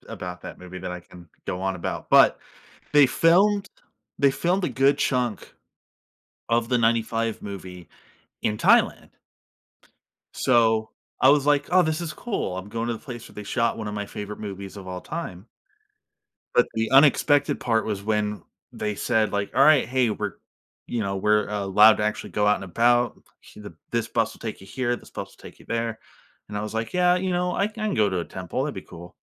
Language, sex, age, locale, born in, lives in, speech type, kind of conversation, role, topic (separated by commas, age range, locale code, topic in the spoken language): English, male, 30-34, en-US, United States, United States, conversation, podcast, guest, How do surprises and unplanned moments shape your travel experiences?